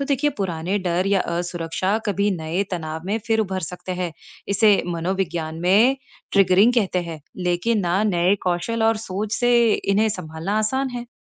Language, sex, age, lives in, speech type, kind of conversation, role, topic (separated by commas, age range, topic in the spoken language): Hindi, female, 35-39, United States, read, expert-assistant, expert, हमारे बचपन के अनुभव बड़े होकर आत्मविश्वास पर कितना असर डालते हैं?